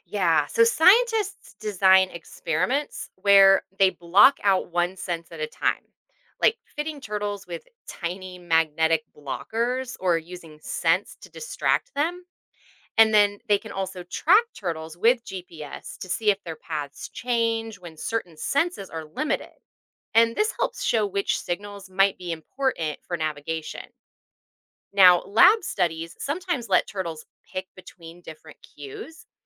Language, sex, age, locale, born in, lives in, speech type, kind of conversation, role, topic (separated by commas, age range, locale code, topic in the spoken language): English, female, 40-44, en-US, United States, United States, read, expert-assistant, expert, How do sea turtles navigate back to their birth beach?